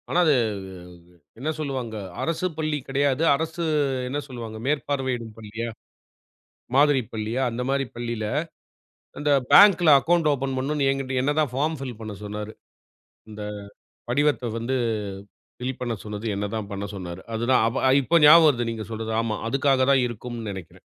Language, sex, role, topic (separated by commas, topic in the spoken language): Tamil, male, host, பணம் குறைந்த காலத்தில் நீங்கள் சமாளித்த அனுபவம் உங்களை எப்படிப் மாற்றியது?